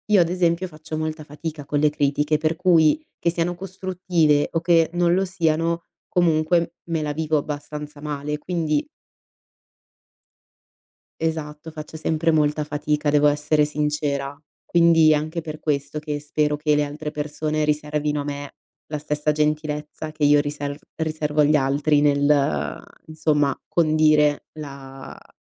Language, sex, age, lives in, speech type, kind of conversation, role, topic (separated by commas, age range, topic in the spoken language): Italian, female, 25-29, Italy, conversation, podcast, guest, Come bilanci onestà e gentilezza nelle parole?